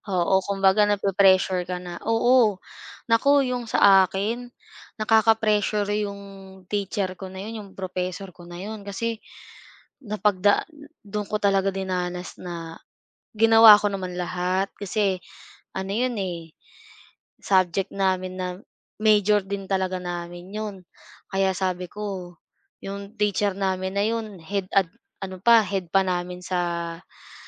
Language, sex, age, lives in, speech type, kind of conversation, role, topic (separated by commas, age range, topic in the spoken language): Filipino, female, 25-29, Philippines, conversation, unstructured, none, Ano ang nararamdaman mo tuwing may pagsusulit?